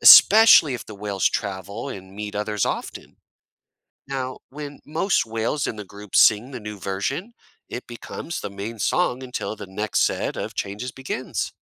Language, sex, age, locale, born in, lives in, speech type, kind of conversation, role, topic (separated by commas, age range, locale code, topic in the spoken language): English, male, 35-39, en-US, United States, United States, read, expert-assistant, expert, Why do whales sing and what do their songs mean?